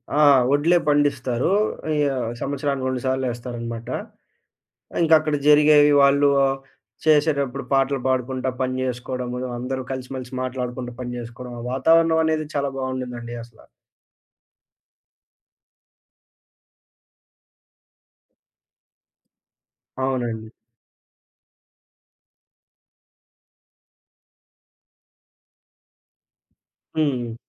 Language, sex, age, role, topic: Telugu, male, 20-24, guest, స్నేహితులు, కుటుంబం మీ కోలుకోవడంలో ఎలా సాయం చేశారు?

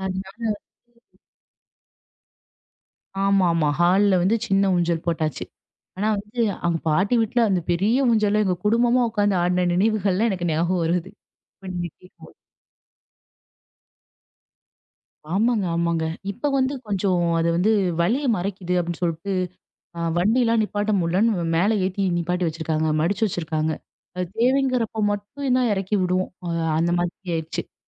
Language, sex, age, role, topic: Tamil, female, 25-29, guest, முதல்முறை சொந்த வீடு வாங்கிய அனுபவம் உங்களுக்கு எப்படி இருந்தது?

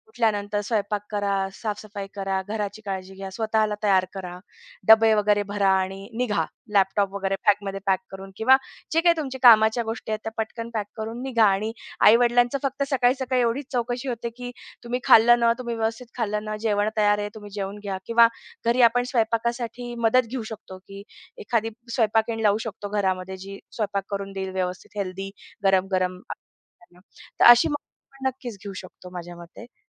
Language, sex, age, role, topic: Marathi, female, 35-39, guest, घरी राहून आईवडिलांची काळजी करायची की त्यांच्यासाठी दुसरी व्यवस्था करायची, तुम्ही काय निवडाल?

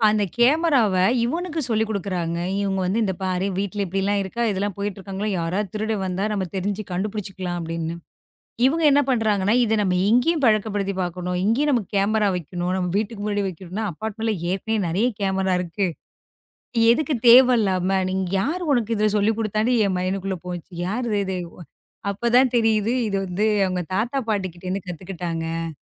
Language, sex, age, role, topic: Tamil, female, 35-39, guest, குடும்பத்தில் தொழில்நுட்பப் பயன்பாட்டுக்கான விதிகளை நீங்கள் எப்படித் தெளிவாகச் சொல்லிச் சம்மதம் பெறுகிறீர்கள்?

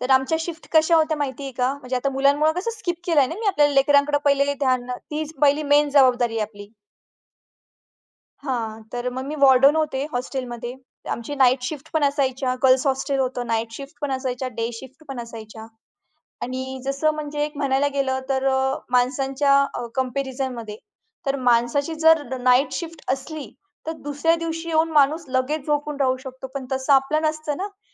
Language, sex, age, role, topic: Marathi, female, 20-24, guest, कौटुंबिक जबाबदाऱ्या वाटून घेताना तुम्ही एकमेकांशी कसा संवाद साधता?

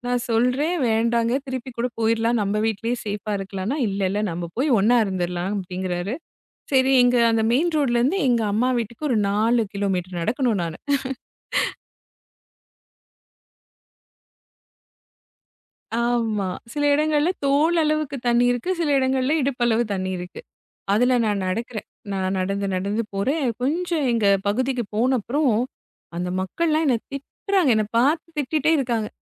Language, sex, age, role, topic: Tamil, female, 35-39, guest, புயல் அல்லது கனமழையில் நீங்கள் சிக்கியபோது என்ன நடந்தது?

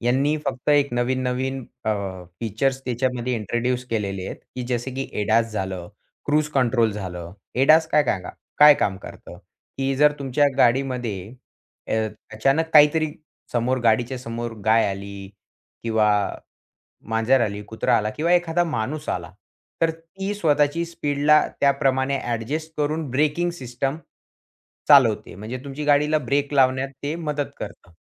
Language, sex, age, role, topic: Marathi, male, 20-24, guest, स्वयंचलित गाड्या आपल्या प्रवासाची पद्धत कशी बदलतील?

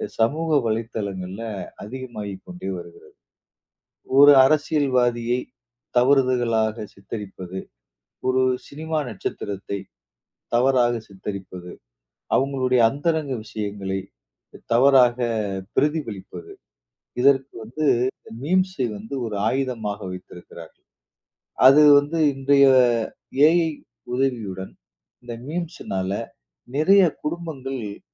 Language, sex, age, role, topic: Tamil, male, 40-44, guest, மீம்கள் சமூக அரசியலை எவ்வளவு அளவு பாதிக்கின்றன என்று விளக்க முடியுமா?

